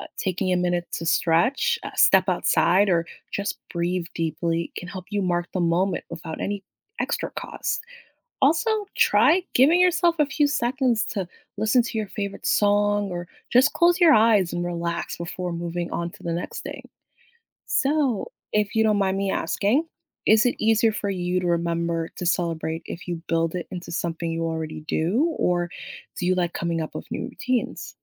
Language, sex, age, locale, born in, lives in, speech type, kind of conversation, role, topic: English, female, 25-29, en-US, United States, United States, read, expert-assistant, expert, What are some ways to celebrate small achievements?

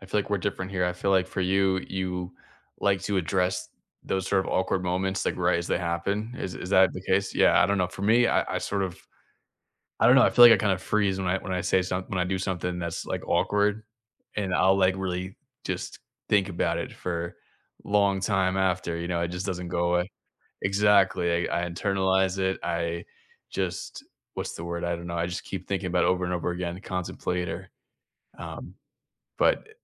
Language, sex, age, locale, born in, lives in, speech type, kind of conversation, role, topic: English, male, 30-34, en-US, United States, United States, conversation, unstructured, none, How do you recover from a small social mishap in a way that keeps things comfortable?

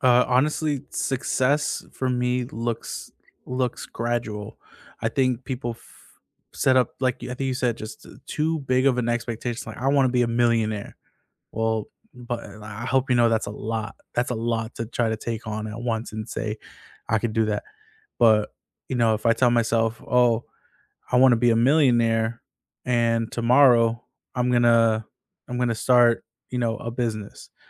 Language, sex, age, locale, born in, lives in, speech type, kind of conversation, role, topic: English, male, 25-29, en-US, United States, United States, conversation, unstructured, none, How do you pursue big goals while protecting your daily well-being?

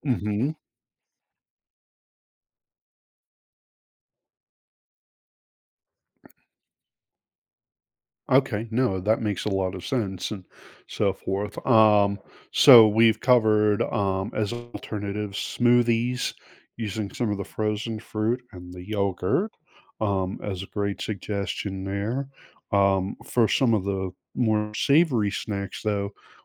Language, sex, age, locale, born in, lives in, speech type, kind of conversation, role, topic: English, male, 45-49, en-US, United States, United States, conversation, advice, user, How can I find tasty, low-calorie snacks that keep me full during a busy day?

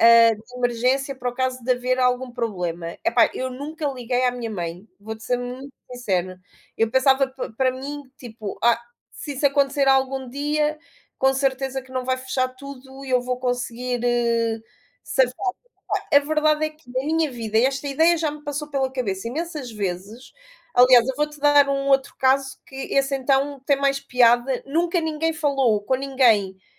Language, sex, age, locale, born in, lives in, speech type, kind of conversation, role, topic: Portuguese, female, 35-39, pt-PT, Portugal, Portugal, conversation, unstructured, none, O que você acha que foi a maior invenção da humanidade?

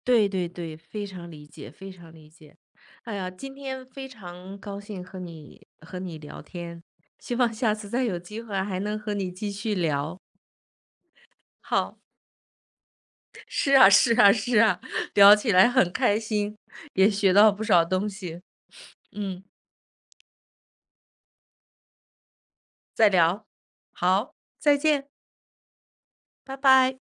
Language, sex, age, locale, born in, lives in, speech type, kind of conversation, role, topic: Chinese, female, 55-59, zh-CN, China, United States, conversation, podcast, host, 家里老人插手孩子教育时，怎么才能和平处理？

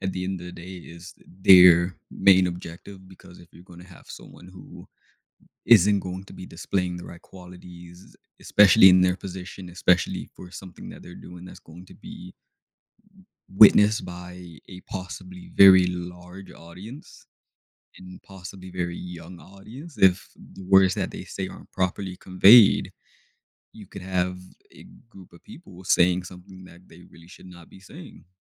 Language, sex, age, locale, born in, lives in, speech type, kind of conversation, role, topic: English, male, 20-24, en-US, United States, United States, conversation, unstructured, none, How can playing video games help people learn about different cultures and perspectives?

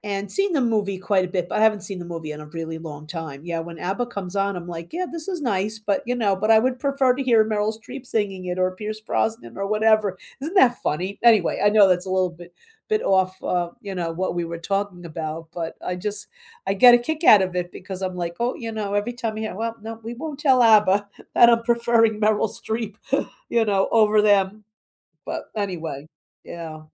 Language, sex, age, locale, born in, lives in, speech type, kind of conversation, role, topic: English, female, 65-69, en-US, United States, United States, conversation, unstructured, none, Which movie soundtracks are better than the films they accompany?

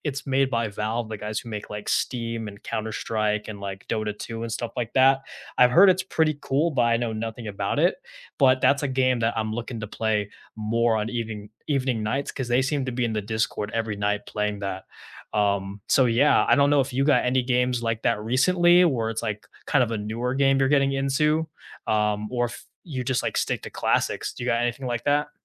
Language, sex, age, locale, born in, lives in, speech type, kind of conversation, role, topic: English, male, 20-24, en-US, United States, United States, conversation, unstructured, none, Which video games do you two love playing together after dinner, and how do they bring you closer?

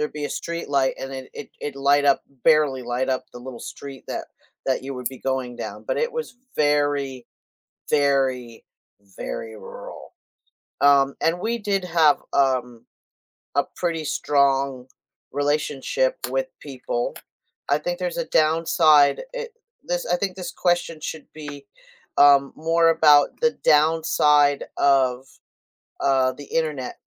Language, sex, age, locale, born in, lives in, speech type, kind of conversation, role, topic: English, female, 60-64, en-US, United States, United States, conversation, unstructured, none, How do shared interests help build stronger friendships?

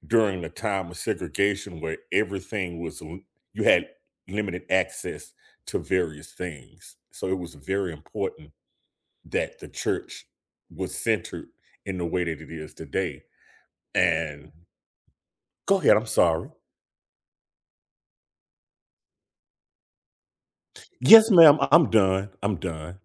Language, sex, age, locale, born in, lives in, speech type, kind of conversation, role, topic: English, male, 50-54, en-US, United States, United States, conversation, unstructured, none, What role does religion play in people’s everyday lives today?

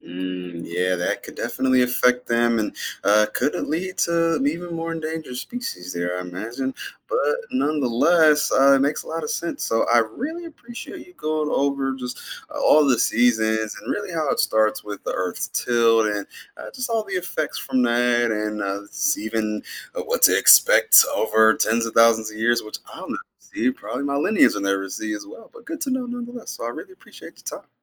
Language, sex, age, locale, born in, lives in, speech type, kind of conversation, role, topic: English, male, 30-34, en-US, United States, United States, read, expert-assistant, asker, What causes the seasons to change?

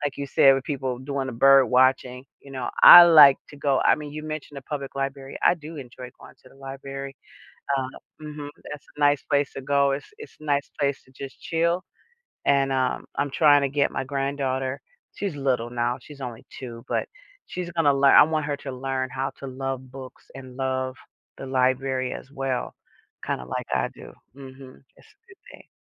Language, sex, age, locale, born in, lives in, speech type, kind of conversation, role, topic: English, female, 55-59, en-US, United States, United States, conversation, unstructured, none, What factors influence your choice between relaxing at home or going out on weekends?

